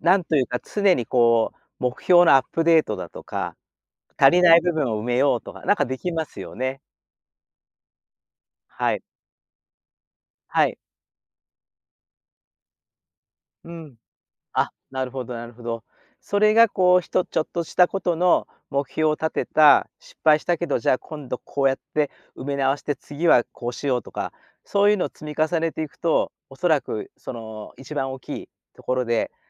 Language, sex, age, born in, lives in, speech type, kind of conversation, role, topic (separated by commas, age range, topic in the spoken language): Japanese, male, 60-64, Japan, Japan, conversation, podcast, host, 失敗を前向きに受け止めるには、どんなコツがありますか？